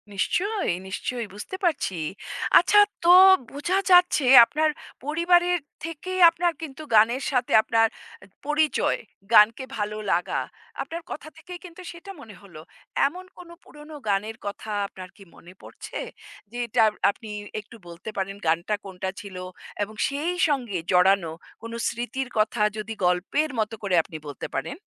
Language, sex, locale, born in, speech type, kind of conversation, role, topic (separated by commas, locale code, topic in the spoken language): Bengali, female, bn-IN, India, conversation, podcast, host, পুরনো গান শুনলে আপনার মেজাজ কীভাবে বদলে যায়?